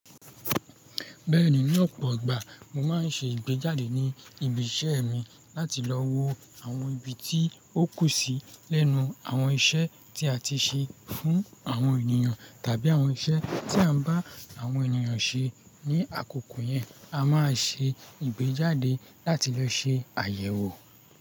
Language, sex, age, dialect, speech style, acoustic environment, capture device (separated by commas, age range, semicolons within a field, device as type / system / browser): Yoruba, male, 25-34, Nigeria - Lagos Yoruba, happy, nature, mobile / Linux / Chrome